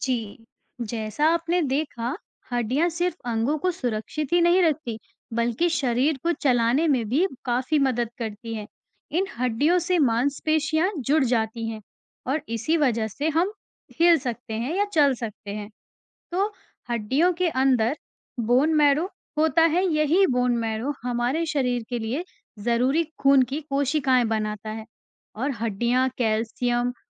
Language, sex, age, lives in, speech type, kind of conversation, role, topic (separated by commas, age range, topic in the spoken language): Hindi, female, 40-44, India, read, expert-assistant, expert, हमारे शरीर में हड्डियाँ क्यों जरूरी हैं?